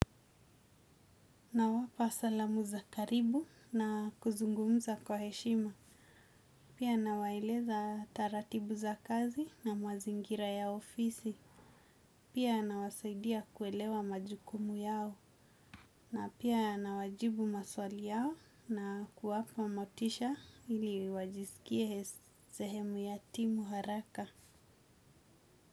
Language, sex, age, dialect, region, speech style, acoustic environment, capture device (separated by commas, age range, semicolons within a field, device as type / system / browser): Swahili, female, 25-34, Kenya - Mombasa, Kenya, focused; anxious; nervous, nature; people talking; silence; appliances; construction; traffic, desktop / Windows / Chrome